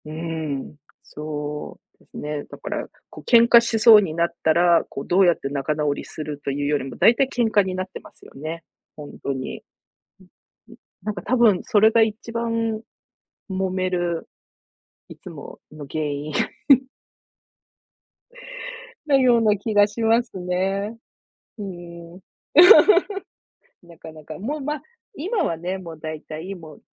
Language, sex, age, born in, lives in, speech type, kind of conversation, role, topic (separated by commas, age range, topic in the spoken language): Japanese, female, 50-54, Japan, United States, conversation, unstructured, none, 食べ物の好き嫌いが原因で家族とケンカしたことはありますか？